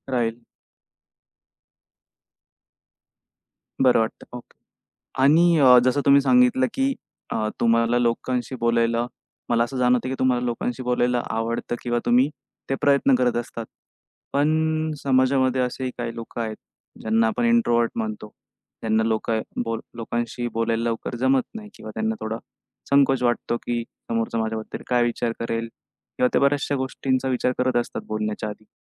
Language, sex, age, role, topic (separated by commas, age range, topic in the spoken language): Marathi, male, 25-29, host, एकटेपण टाळण्यासाठी तुम्ही रोजच्या आयुष्यात कोणते साधे उपक्रम करता?